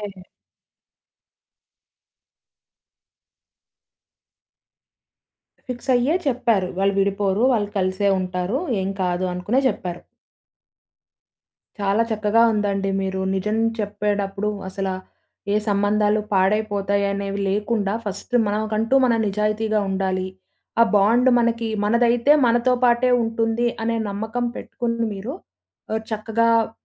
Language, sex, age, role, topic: Telugu, female, 20-24, host, నిజం చెప్పడం వల్ల సంబంధాలు దెబ్బతింటే, మీరు దాన్ని ఎలా చూస్తారు?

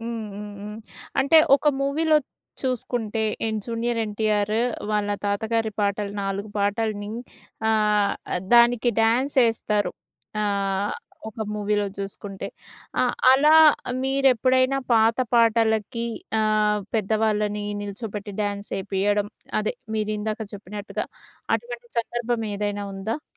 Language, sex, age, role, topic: Telugu, female, 30-34, host, పాత పాటలు, కొత్తగా చేసిన రీమిక్స్‌ల గురించి మీ అభిప్రాయం ఏమిటి?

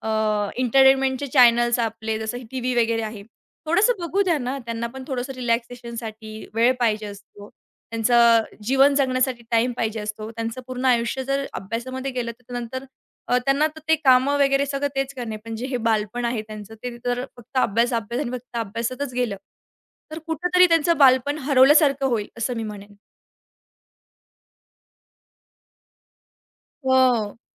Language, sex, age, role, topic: Marathi, female, 40-44, guest, शिक्षकांनी विद्यार्थ्यांच्या अपयशाबद्दल कसं बोलावं असं तुम्हाला वाटतं?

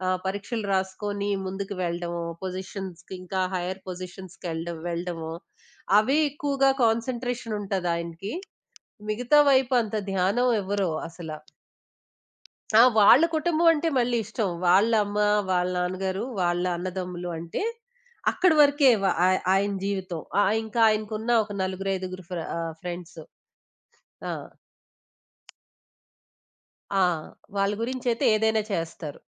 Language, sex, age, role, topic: Telugu, female, 20-24, guest, మీ ఇంట్లో కుటుంబ సభ్యులు ఒకరినొకరు నిజంగా వింటారా?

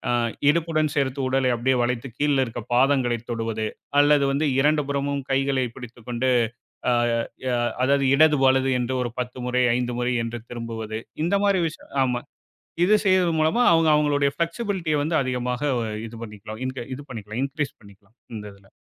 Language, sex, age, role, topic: Tamil, male, 35-39, guest, சிறிய இடத்தில் குறைந்த நேரத்தில் சத்தம் குறைவாகப் பயனுள்ள உடற்பயிற்சி செய்வது எப்படி?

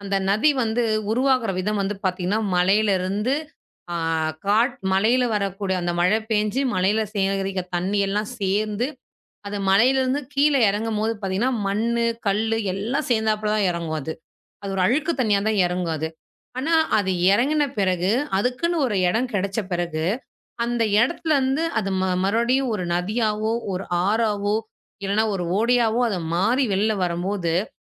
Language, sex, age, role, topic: Tamil, female, 35-39, guest, நதியின் ஓட்டம் நமக்கு எதை கற்றுக்கொடுக்கிறது?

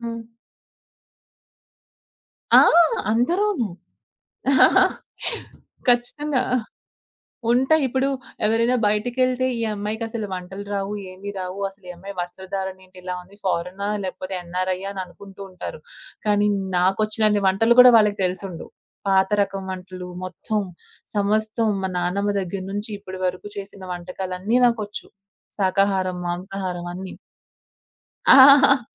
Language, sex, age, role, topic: Telugu, female, 25-29, guest, సమాజం చెప్పినట్టే దుస్తులు ఎంచుకోవాలా, లేక మీ స్వంత అభిరుచిని అనుసరించాలా?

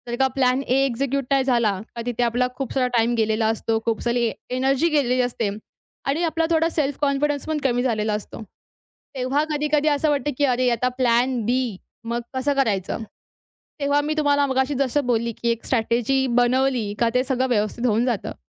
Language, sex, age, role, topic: Marathi, female, 20-24, guest, नोकरीचा/व्यवसायाचा मार्ग निवडताना तुम्ही पुढच्या अनेक वर्षांचा विचार करून निर्णय कसा घेता?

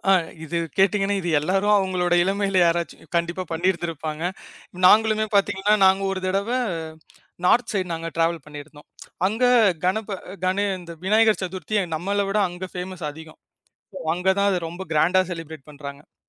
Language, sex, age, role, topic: Tamil, male, 25-29, guest, ஒரே பிளேலிஸ்டில் பல மொழிப் பாடல்கள் கலந்திருந்தால் உங்கள் மனநிலை எப்படி மாறும்?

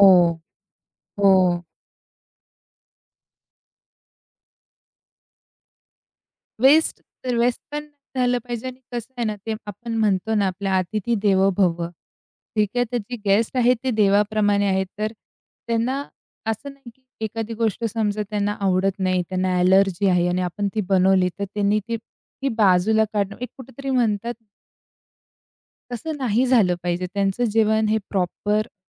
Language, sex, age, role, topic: Marathi, female, 45-49, guest, अतिथी येणार असतील, तर तुमच्या घरी जेवणाची तयारी कशी बदलते?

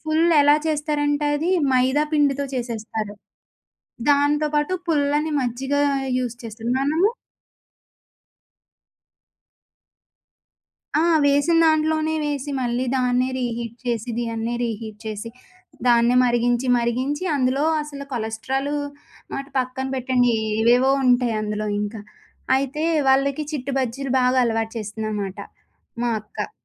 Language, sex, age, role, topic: Telugu, female, 25-29, guest, మీకు ప్రత్యేకంగా గుర్తుండిపోయిన వీధి ఆహారం గురించి చెప్పగలరా?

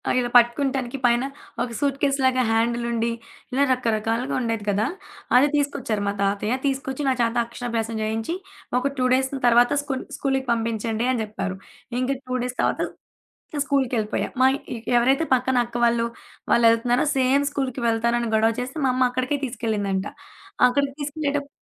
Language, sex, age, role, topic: Telugu, female, 20-24, guest, స్కూల్‌కు తొలిసారి వెళ్లిన రోజు ఎలా గుర్తుండింది?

